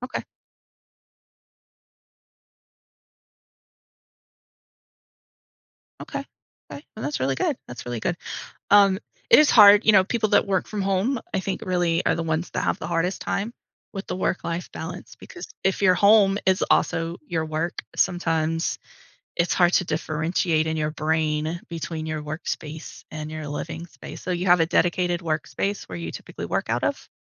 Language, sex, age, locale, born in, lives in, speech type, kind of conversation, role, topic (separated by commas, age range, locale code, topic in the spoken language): English, female, 40-44, en-US, United States, United States, conversation, advice, advisor, How can I set better boundaries so work doesn't take over my personal life?